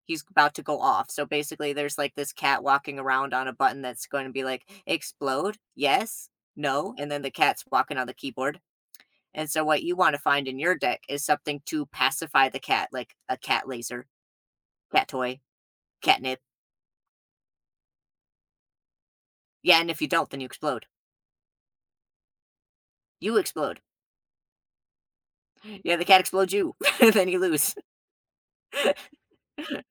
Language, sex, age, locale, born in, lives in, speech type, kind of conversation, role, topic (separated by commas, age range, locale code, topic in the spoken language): English, male, 30-34, en-US, United States, United States, conversation, unstructured, none, Would you rather play video games or board games?